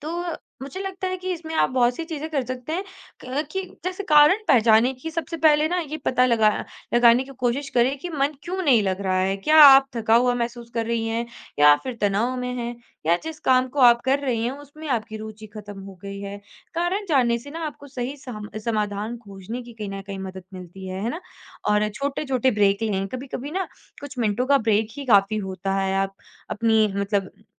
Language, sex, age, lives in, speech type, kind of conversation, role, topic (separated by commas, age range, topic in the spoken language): Hindi, female, 20-24, India, conversation, podcast, guest, ध्यान के दौरान बार-बार विचार आने पर आप क्या करते हैं?